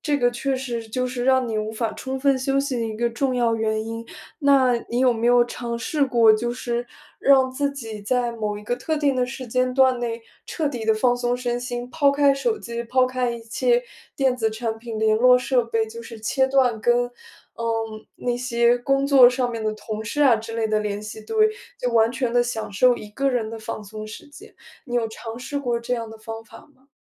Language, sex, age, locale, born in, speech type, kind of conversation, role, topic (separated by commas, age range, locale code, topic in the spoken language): Chinese, female, 20-24, zh-CN, China, conversation, advice, advisor, 我怎样才能把休息放在优先位置而不感到内疚？